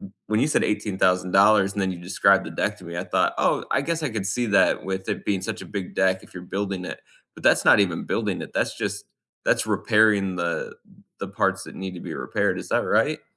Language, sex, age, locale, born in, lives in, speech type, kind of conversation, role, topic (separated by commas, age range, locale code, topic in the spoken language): English, male, 35-39, en-US, United States, United States, conversation, unstructured, none, Which home DIY project are you proudest of, and what makes it meaningful?